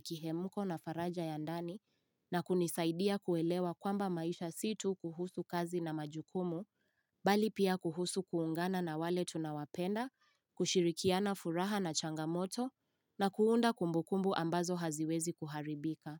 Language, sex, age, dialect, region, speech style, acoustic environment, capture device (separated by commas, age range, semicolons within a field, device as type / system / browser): Swahili, female, 18-24, Kenya - Nairobi Sheng-influenced, Kenya, happy; focused; relaxed, silence, mobile / Linux / Chrome